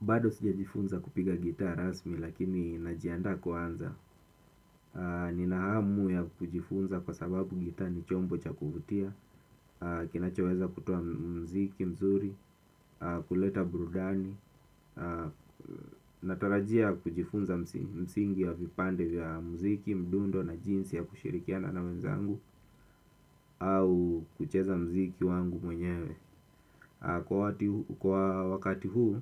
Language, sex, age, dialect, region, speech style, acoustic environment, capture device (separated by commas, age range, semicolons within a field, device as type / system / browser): Swahili, male, 25-34, Kenya - Mombasa, Kenya, focused, silence, desktop / Windows / Chrome